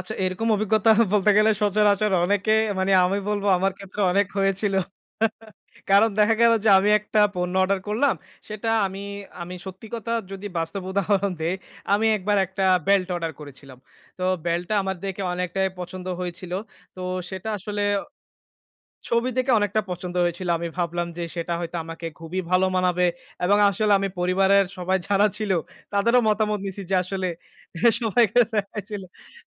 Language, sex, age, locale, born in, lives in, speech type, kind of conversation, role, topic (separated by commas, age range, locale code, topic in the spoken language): Bengali, male, 25-29, bn-BD, Bangladesh, Bangladesh, conversation, podcast, guest, অনলাইন কেনাকাটা আমাদের কেনার অভ্যাসকে কীভাবে বদলে দিয়েছে?